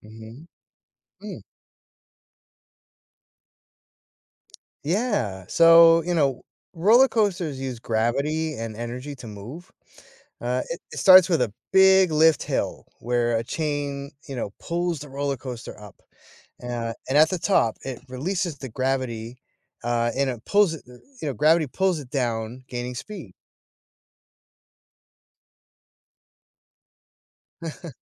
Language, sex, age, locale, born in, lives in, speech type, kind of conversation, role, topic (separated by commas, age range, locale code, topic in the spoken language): English, male, 45-49, en-US, United States, United States, read, expert-assistant, expert, What is the science behind roller coasters?